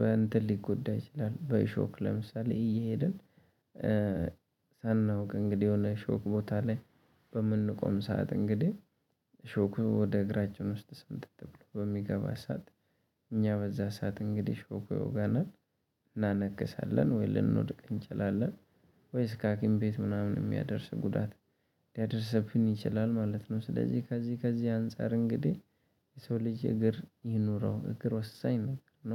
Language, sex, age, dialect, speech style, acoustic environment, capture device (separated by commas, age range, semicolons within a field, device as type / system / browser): Amharic, male, 25-34, Ethiopia - Addis Ababa, happy, nature, mobile / Linux / Chrome